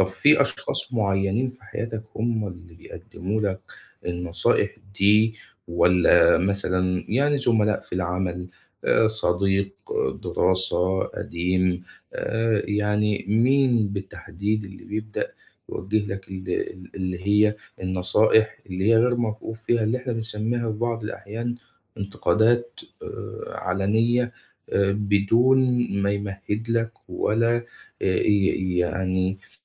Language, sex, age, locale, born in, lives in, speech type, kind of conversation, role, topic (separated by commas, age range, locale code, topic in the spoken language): Arabic, male, 25-29, ar-EG, Egypt, Egypt, conversation, advice, advisor, إزاي أحط حدود صحية مع الناس اللي بيدّوني نصايح من غير ما أطلبها؟